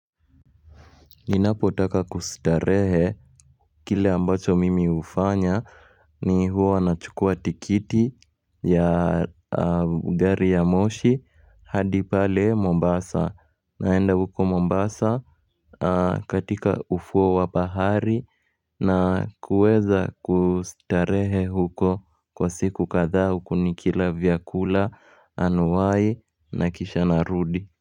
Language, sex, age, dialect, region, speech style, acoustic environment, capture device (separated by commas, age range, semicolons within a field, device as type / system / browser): Swahili, male, 18-24, Kenya - Nairobi Sheng-influenced, Kenya, happy; relaxed; focused, people talking; music; nature; construction; appliances; traffic, mobile / Linux / Chrome